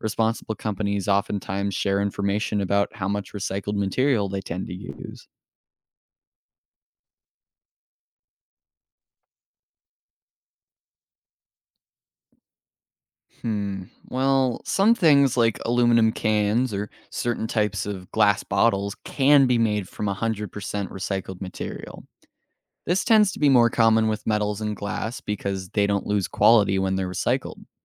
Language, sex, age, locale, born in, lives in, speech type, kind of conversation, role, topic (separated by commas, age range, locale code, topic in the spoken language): English, male, 18-19, en-US, United States, United States, read, expert-assistant, expert, How does recycling help reduce waste in landfills?